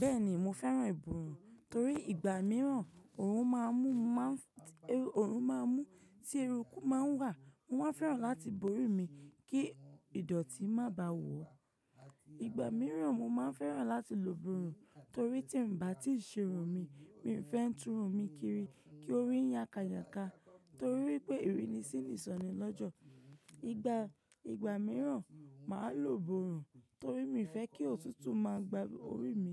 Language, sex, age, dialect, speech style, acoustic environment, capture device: Yoruba, female, 25-34, Nigeria - Ibadan, relaxed; focused, appliances; nature, mobile / macOS / Safari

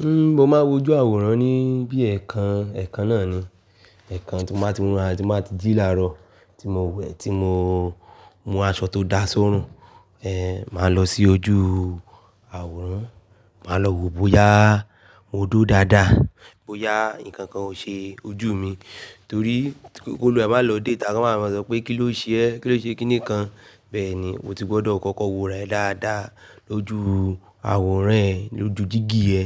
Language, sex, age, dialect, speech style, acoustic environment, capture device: Yoruba, male, 25-34, Nigeria - Nigerian Standard, relaxed, nature, desktop / Linux / Chrome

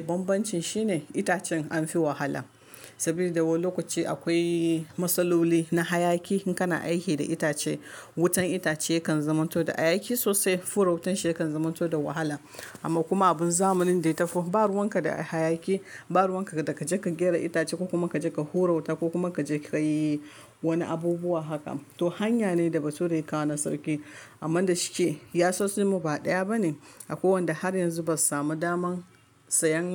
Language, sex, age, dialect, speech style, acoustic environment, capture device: Hausa, female, 25-34, Nigeria - Kano, focused; relaxed, silence, mobile / Linux / Chrome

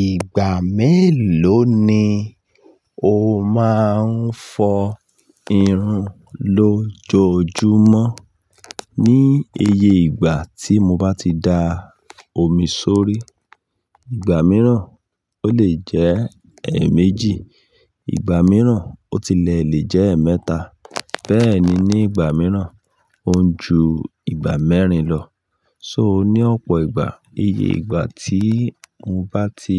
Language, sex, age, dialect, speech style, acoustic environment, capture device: Yoruba, male, 35-44, Nigeria - Ibadan, focused, construction, mobile / Linux / Chrome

